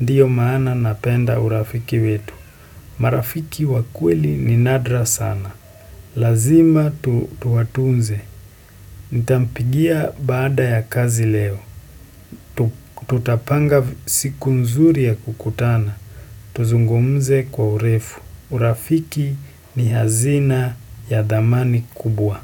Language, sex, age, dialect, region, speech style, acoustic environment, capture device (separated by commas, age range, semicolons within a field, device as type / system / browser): Swahili, male, 18-24, Kenya - Nairobi Sheng-influenced, Kenya, excited; happy, silence, desktop / Windows / Chrome